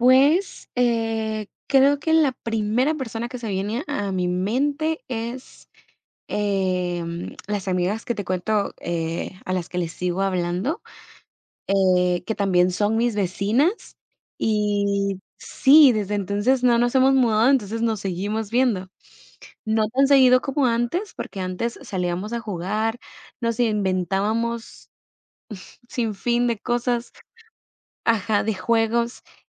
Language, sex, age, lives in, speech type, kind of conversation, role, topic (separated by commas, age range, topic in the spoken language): Spanish, female, 18-19, United States, conversation, unstructured, none, ¿Tienes algún recuerdo que te haga sentir nostalgia sin tristeza?